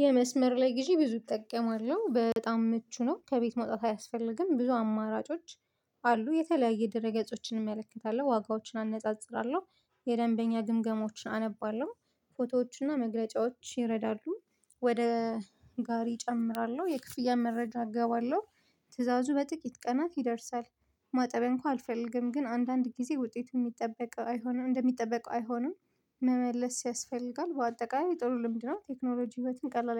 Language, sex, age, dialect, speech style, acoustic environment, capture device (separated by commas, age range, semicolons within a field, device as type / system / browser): Amharic, female, 25-34, Ethiopia - Addis Ababa, focused, silence; appliances, mobile / Linux / Chrome